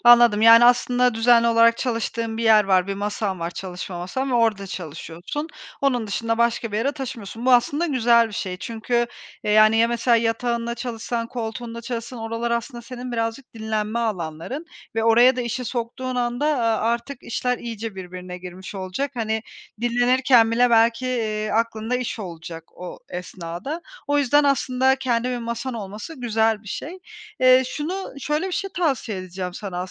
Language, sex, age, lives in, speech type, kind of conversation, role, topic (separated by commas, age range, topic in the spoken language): Turkish, female, 30-34, Spain, conversation, advice, advisor, Tükenmişlik sonrası işe dönerken iş ve dinlenme dengesini nasıl kurabilirim?